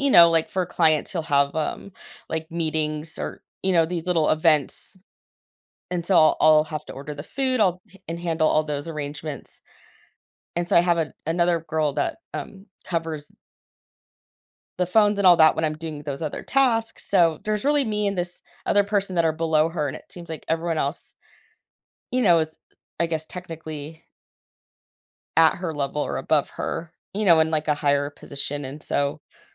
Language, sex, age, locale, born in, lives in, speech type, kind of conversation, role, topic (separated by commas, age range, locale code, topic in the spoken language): English, female, 40-44, en-US, United States, United States, conversation, advice, user, How can I reduce constant stress at work?